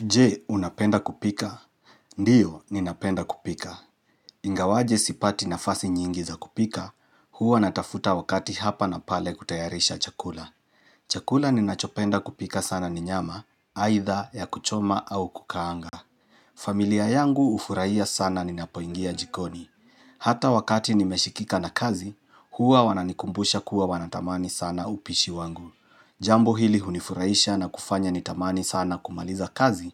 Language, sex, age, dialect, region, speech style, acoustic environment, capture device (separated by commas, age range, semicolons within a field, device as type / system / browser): Swahili, male, 35-44, Kenya - Nairobi Sheng-influenced, Kenya, relaxed; excited; happy, silence; nature; appliances, mobile / Linux / Chrome